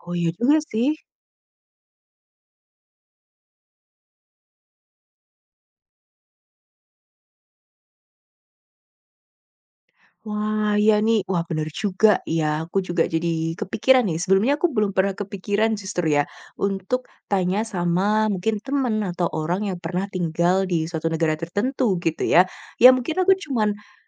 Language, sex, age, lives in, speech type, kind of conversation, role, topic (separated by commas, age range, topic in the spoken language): Indonesian, female, 25-29, Indonesia, conversation, advice, user, Apa yang membuat tujuanmu terasa terlalu ambisius dan menakutkan?